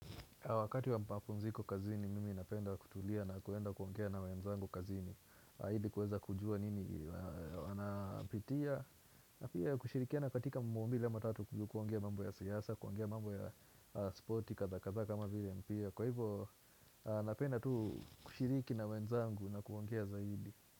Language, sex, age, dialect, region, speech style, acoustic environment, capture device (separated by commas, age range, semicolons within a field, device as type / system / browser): Swahili, male, 18-24, Kenya - Nairobi Sheng-influenced, Kenya, happy, music, desktop / Windows / Chrome